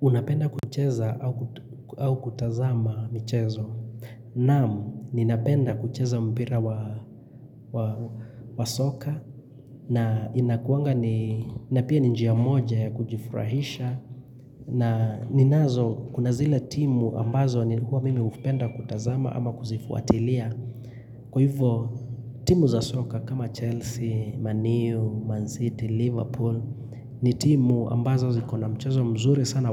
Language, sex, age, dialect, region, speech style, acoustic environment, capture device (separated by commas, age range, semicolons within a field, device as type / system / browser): Swahili, male, 25-34, Kenya - Nairobi Sheng-influenced, Kenya, happy; relaxed, silence, mobile / Linux / Chrome